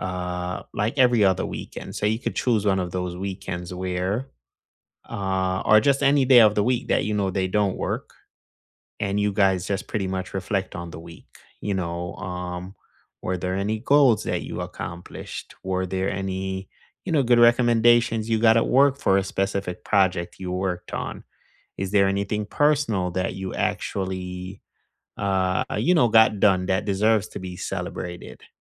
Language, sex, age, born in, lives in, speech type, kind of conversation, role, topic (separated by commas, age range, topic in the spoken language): English, male, 35-39, Jamaica, United States, conversation, unstructured, none, How can simple weekly rituals help you celebrate each other’s wins and strengthen your connection?